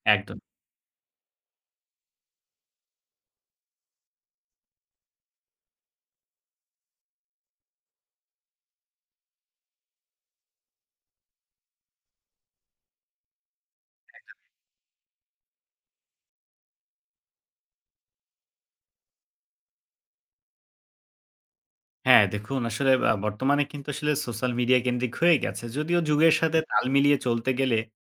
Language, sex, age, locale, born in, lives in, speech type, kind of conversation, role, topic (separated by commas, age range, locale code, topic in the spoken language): Bengali, male, 18-19, bn-BD, Bangladesh, Bangladesh, conversation, podcast, guest, শেখার সবচেয়ে মজার স্মৃতিটা কী?